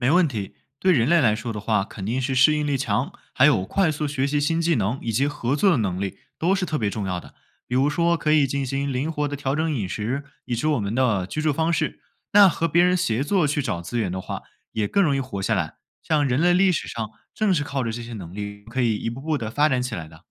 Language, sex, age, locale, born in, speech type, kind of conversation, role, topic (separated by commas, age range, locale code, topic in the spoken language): Chinese, male, 20-24, zh-CN, China, read, expert-assistant, expert, 如果我想更容易理解动物和植物的进化故事，有哪些实用的小技巧或工具？